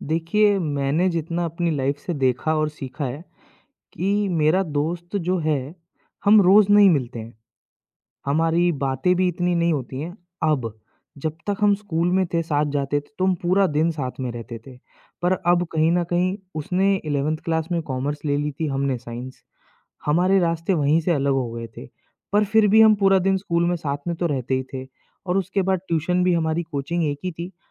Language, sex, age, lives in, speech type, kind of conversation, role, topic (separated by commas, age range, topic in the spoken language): Hindi, male, 25-29, India, conversation, podcast, guest, Kaise kuchh kareebi dost waqt ke saath family jaise ban jaate hain?